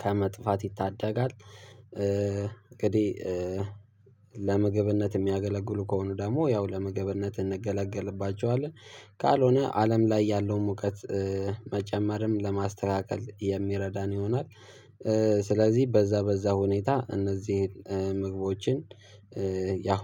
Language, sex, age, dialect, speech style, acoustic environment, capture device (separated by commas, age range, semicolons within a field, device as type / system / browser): Amharic, male, 18-24, Ethiopia - Addis Ababa, excited, nature, mobile / Linux / Chrome